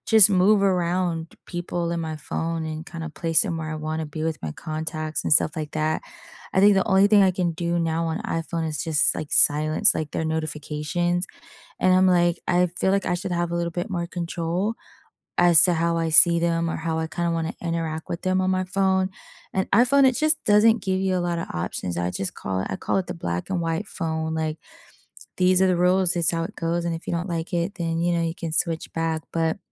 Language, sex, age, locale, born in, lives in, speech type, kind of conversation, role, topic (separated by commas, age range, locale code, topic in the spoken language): English, female, 30-34, en-US, United States, United States, conversation, unstructured, none, What has surprised you and what do you regret about switching between an iPhone and an Android phone?